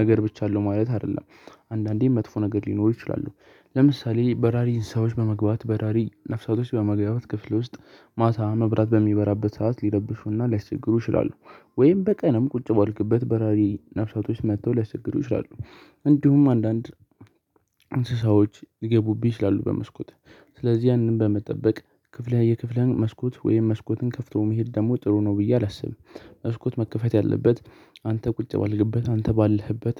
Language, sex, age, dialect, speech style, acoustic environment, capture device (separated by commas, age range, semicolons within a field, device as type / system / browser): Amharic, male, 18-24, Ethiopia - Addis Ababa, excited, silence, mobile / Linux / Chrome